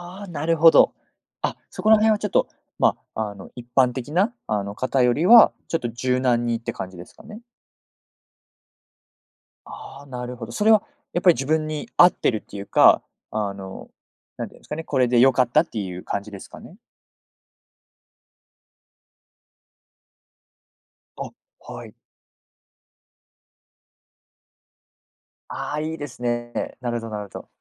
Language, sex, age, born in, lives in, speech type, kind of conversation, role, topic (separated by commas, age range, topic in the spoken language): Japanese, male, 20-24, United States, Japan, conversation, podcast, host, ワークライフバランスで絶対に譲れないことは何ですか？